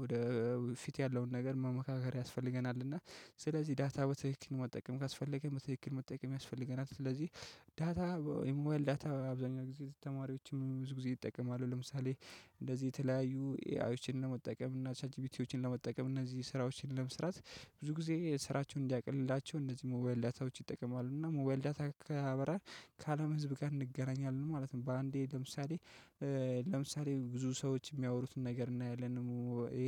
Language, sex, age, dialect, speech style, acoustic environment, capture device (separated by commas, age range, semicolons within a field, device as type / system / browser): Amharic, male, 25-34, Ethiopia - Addis Ababa, relaxed, nature, mobile / Linux / Chrome